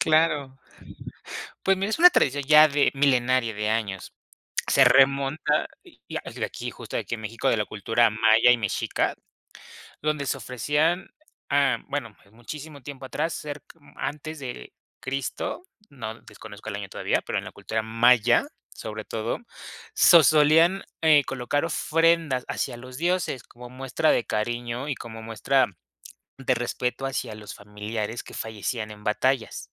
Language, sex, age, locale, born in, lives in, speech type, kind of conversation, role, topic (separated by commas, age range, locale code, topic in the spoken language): Spanish, male, 25-29, es-MX, Mexico, Mexico, conversation, podcast, guest, ¿Qué tradiciones familiares recuerdas con cariño?